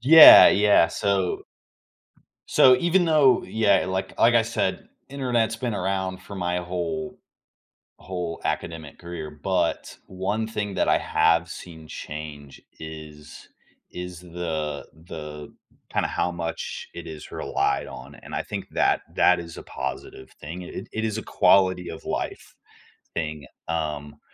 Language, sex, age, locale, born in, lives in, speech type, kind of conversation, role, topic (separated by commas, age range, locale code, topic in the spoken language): English, male, 18-19, en-US, United States, United States, conversation, podcast, guest, In what ways is technology transforming the way we teach and learn?